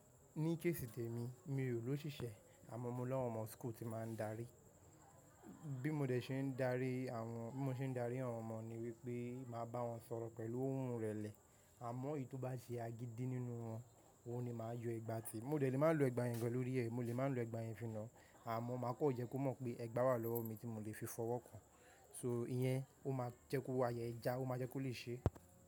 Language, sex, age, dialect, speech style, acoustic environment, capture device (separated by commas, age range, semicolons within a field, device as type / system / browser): Yoruba, male, 18-24, Nigeria - Nigerian Standard, happy, nature, mobile / macOS / Safari